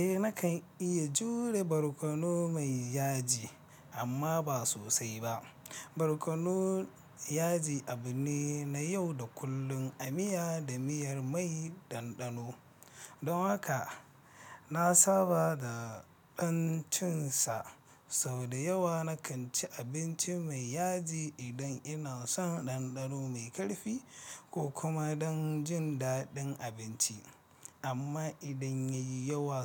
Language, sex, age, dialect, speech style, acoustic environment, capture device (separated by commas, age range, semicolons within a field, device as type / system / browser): Hausa, male, 25-34, Nigeria - Nigerian Standard, happy, traffic, mobile / Linux / Chrome